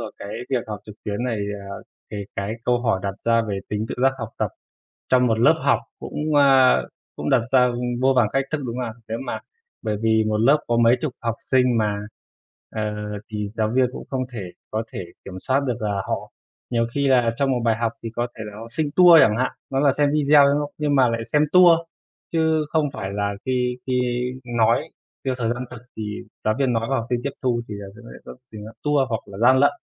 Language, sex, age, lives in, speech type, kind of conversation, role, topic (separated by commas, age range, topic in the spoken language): Vietnamese, male, 25-29, Vietnam, conversation, unstructured, none, Bạn nghĩ thế nào về việc học trực tuyến so với học truyền thống?